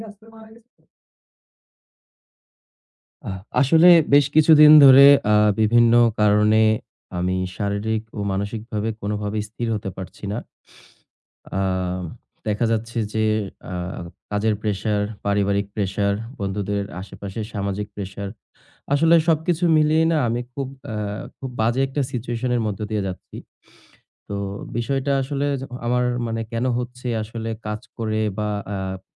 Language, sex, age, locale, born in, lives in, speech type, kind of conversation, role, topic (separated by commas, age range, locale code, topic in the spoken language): Bengali, male, 20-24, bn-BD, Bangladesh, Bangladesh, conversation, advice, user, আপনি কি অবিরত ক্লান্তি ও মানসিক অবসাদ (বার্নআউট) অনুভব করছেন?